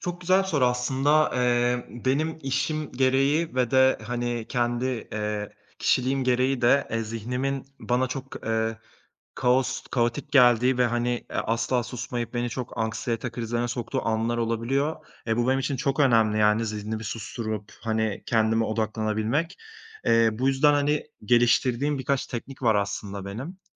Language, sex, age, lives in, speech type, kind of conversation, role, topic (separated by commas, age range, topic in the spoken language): Turkish, male, 25-29, Italy, conversation, podcast, guest, Zihnini susturup çalışmaya başlamanın püf noktaları neler?